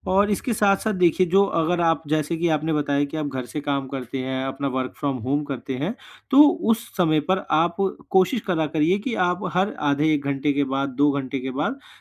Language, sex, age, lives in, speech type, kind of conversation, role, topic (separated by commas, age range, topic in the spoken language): Hindi, male, 20-24, India, conversation, advice, advisor, काम के बाद शरीर में होने वाले तनाव और कड़ापन को मैं सरल तरीकों से कैसे कम करूँ?